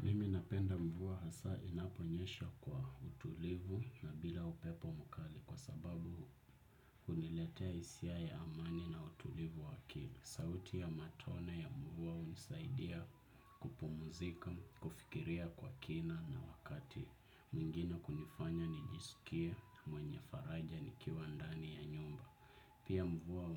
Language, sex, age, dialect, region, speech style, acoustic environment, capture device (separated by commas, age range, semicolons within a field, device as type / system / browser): Swahili, male, 25-34, Kenya - Nairobi Sheng-influenced, Kenya, happy, nature, desktop / Windows / Chrome